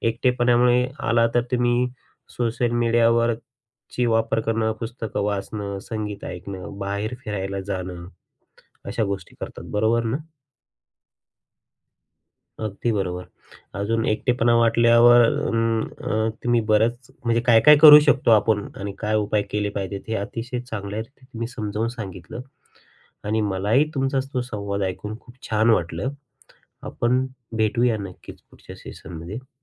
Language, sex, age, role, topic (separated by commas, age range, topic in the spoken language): Marathi, male, 35-39, host, एकटेपणा वाटल्यावर तुम्ही काय करता?